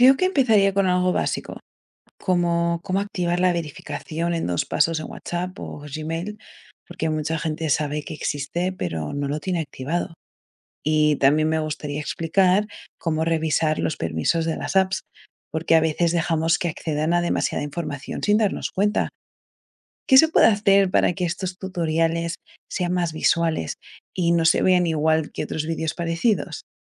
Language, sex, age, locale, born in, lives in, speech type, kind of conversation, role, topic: Spanish, female, 25-29, es-ES, Spain, United States, read, expert-assistant, asker, ¿Cómo crear videos educativos cortos y efectivos para destacar en redes sociales?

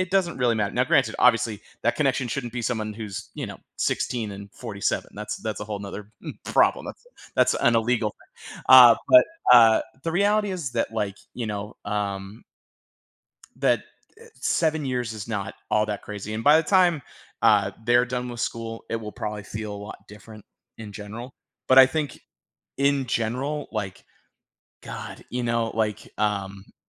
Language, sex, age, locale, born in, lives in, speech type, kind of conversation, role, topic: English, male, 35-39, en-US, United States, United States, conversation, advice, advisor, How can I share my feelings without starting an argument and feel more heard by my partner?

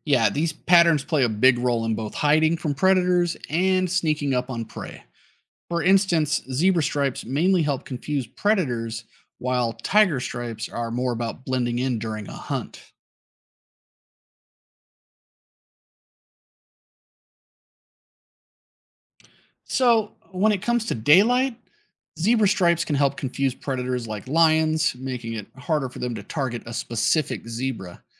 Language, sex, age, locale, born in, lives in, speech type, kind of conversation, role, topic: English, male, 35-39, en-US, United States, United States, read, expert-assistant, expert, Why do zebras have black and white stripes?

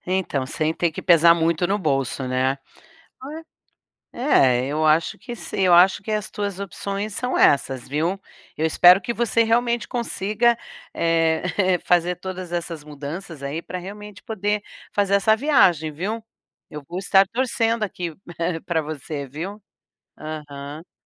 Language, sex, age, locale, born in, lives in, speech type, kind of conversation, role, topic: Portuguese, female, 60-64, pt-BR, Brazil, United States, conversation, advice, advisor, Como posso viajar mais mesmo com pouco dinheiro disponível?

